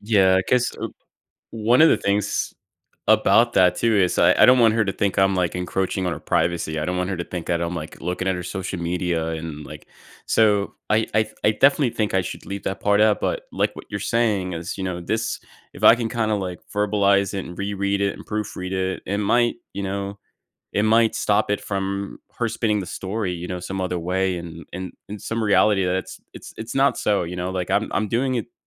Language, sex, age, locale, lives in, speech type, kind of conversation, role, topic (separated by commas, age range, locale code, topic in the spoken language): English, male, 30-34, en-US, United States, conversation, advice, user, How do I calmly talk through a disagreement with a close friend?